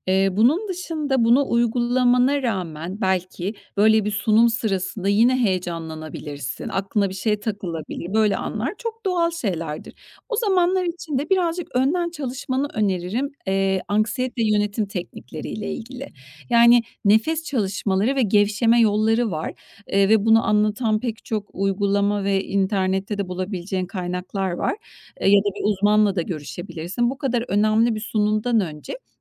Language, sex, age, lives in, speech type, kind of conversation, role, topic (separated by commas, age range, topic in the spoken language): Turkish, female, 45-49, Spain, conversation, advice, advisor, Yaklaşan bir sunum veya toplantı hakkında neden aşırı kaygı hissediyorsunuz?